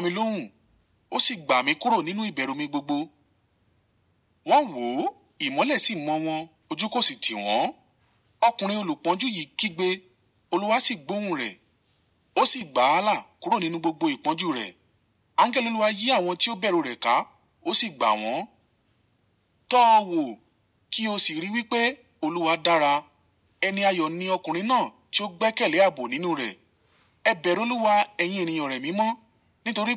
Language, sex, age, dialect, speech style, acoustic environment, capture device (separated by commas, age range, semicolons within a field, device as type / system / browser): Yoruba, male, 18-24, Nigeria - Ibadan, relaxed, nature, mobile / Linux / Chrome